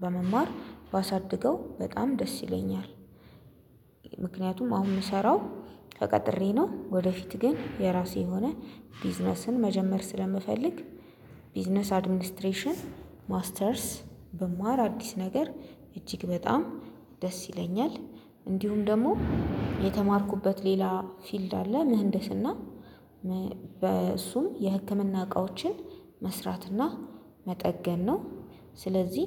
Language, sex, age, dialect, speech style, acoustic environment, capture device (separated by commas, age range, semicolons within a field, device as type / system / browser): Amharic, female, 25-34, Ethiopia - Addis Ababa, happy, silence; construction, mobile / Linux / Chrome